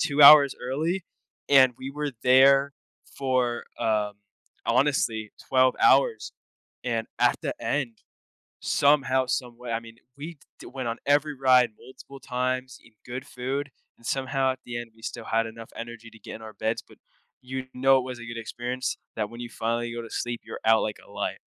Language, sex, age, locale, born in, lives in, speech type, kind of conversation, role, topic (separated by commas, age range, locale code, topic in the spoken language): English, male, 18-19, en-US, United States, United States, conversation, podcast, guest, How does spending time in nature impact your life and well-being?